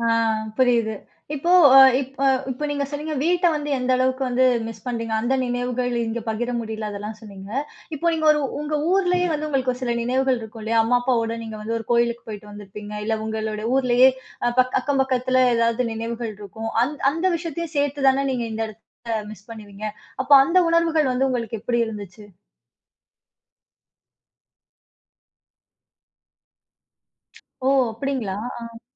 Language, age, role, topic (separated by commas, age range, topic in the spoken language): Tamil, 30-34, host, மாமா-மாமி மற்றும் அப்பா-அம்மா பற்றிய நினைவுகள், “வீடு” என்ற உணர்வை எப்படி பாதிக்கின்றன?